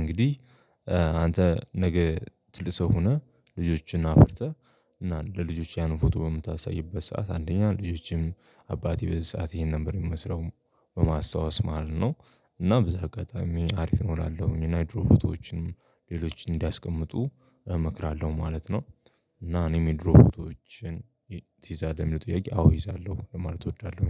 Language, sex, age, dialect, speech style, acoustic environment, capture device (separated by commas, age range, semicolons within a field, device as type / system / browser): Amharic, male, 25-34, Ethiopia - Addis Ababa, focused, people talking, mobile / Linux / Chrome